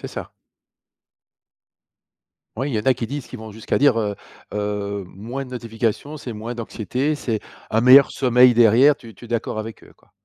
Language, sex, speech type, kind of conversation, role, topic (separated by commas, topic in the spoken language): French, male, conversation, podcast, host, Comment gères-tu les notifications envahissantes ?